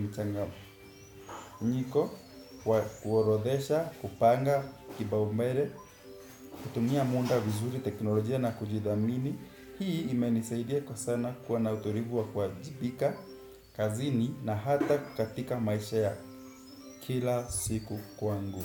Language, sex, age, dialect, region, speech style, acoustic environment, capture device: Swahili, male, 18-24, Kenya - Nairobi Sheng-influenced, Kenya, nervous, appliances; music, desktop / Windows / Chrome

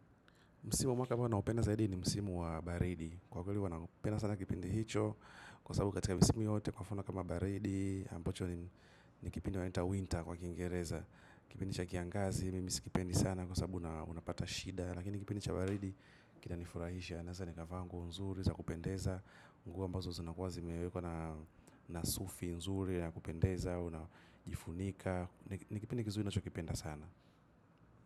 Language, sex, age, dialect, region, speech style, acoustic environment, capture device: Swahili, male, 25-34, Tanzania - Dar es Salaam, Tanzania, happy; excited; focused; relaxed, silence, mobile / macOS / Safari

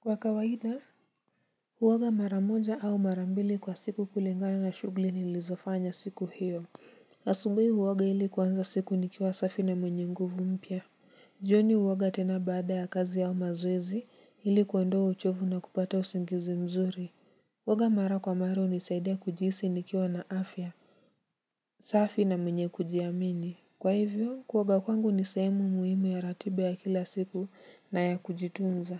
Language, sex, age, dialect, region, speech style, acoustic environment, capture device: Swahili, male, 18-24, Kenya - Nairobi Sheng-influenced, Kenya, relaxed, silence, mobile / Linux / Chrome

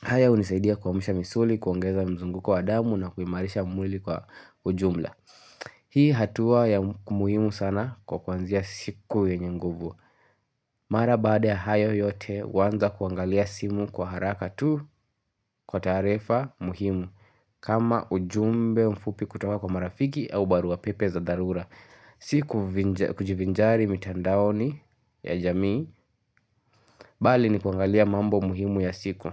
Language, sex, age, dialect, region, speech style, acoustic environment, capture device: Swahili, male, 18-24, Kenya - Nairobi Sheng-influenced, Kenya, relaxed, nature; silence, mobile / Linux / Chrome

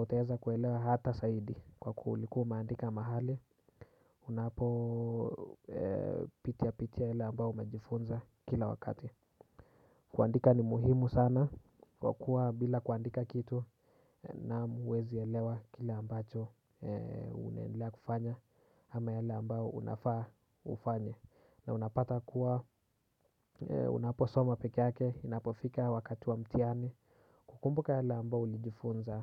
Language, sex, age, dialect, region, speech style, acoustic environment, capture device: Swahili, male, 18-24, Kenya - Nairobi Sheng-influenced, Kenya, relaxed, silence, desktop / Windows / Chrome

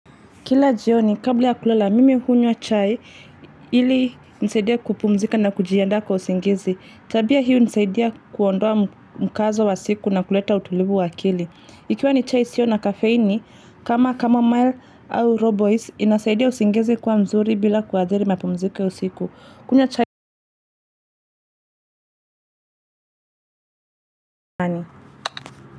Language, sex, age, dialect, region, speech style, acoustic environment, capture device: Swahili, female, 25-34, Kenya - Nairobi Sheng-influenced, Kenya, focused, traffic, mobile / Linux / Chrome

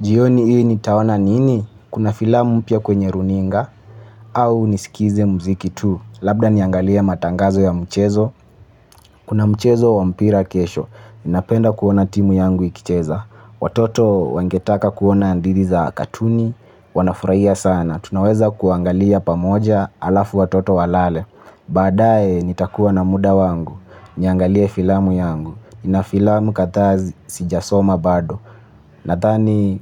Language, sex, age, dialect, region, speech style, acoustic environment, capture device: Swahili, male, 25-34, Kenya - Mombasa, Kenya, focused, nature; people talking, mobile / Linux / Chrome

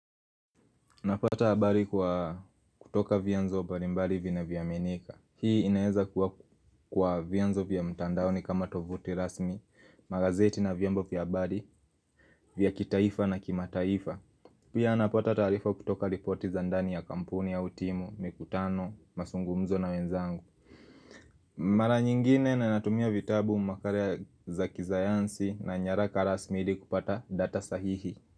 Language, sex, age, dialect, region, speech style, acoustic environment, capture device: Swahili, male, 18-24, Kenya - Nairobi Sheng-influenced, Kenya, happy; nervous; excited; focused, people talking; appliances; music; nature, mobile / Linux / Chrome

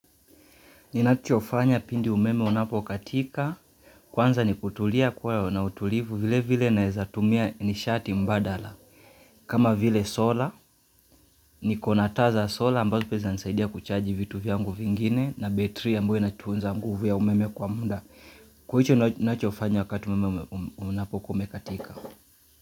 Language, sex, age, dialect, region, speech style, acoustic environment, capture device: Swahili, male, 25-34, Kenya - Mombasa, Kenya, relaxed; focused, silence, mobile / Linux / Chrome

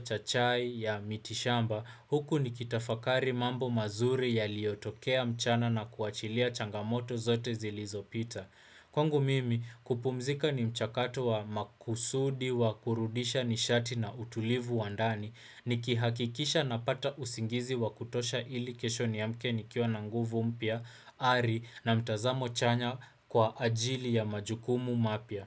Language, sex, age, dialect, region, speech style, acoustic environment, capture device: Swahili, male, 18-24, Kenya - Nairobi Sheng-influenced, Kenya, relaxed, appliances, desktop / Windows / Chrome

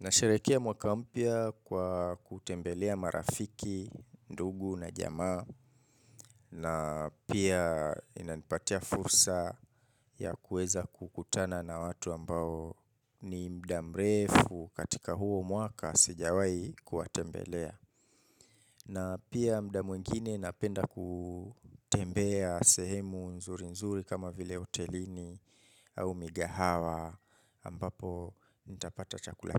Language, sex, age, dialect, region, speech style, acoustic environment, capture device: Swahili, male, 25-34, Kenya - Mombasa, Kenya, relaxed, nature, mobile / Linux / Chrome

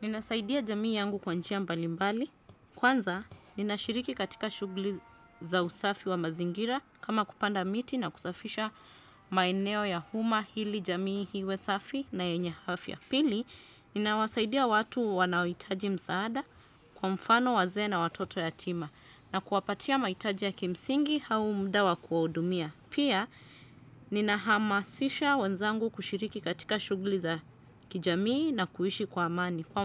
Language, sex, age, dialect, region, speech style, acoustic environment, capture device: Swahili, female, 35-44, Kenya - Nairobi Sheng-influenced, Kenya, happy, silence, desktop / Windows / Chrome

